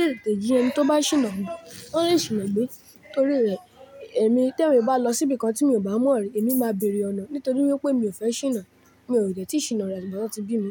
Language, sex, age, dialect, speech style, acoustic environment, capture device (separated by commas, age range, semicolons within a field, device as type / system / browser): Yoruba, male, 18-24, Nigeria - Lagos Yoruba, relaxed; focused, nature; silence, mobile / Linux / Chrome